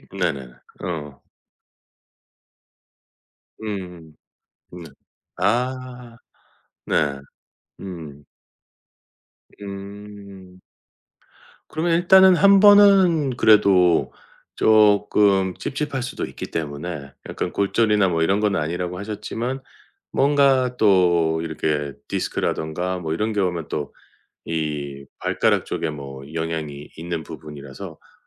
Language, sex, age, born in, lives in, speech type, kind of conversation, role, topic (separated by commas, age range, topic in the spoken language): Korean, male, 45-49, South Korea, United States, conversation, advice, advisor, 습관 추적을 하면서 번갈아 느끼는 성취감과 좌절감을 어떻게 다뤄야 할까요?